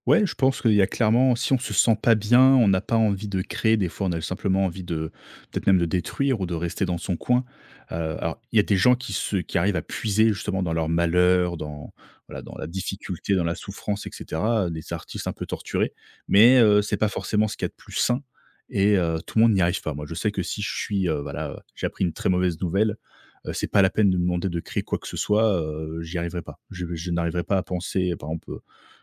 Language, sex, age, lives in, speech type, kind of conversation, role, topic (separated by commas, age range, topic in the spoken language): French, male, 30-34, France, conversation, podcast, guest, Comment combats-tu le blocage créatif ?